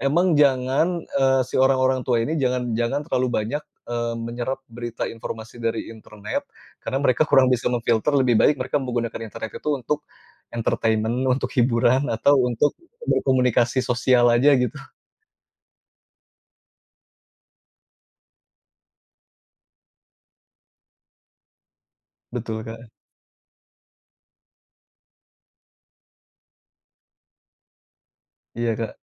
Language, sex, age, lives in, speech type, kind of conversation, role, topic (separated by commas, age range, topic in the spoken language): Indonesian, male, 35-39, Indonesia, conversation, podcast, guest, Bagaimana kamu menilai berita yang bertebaran di internet?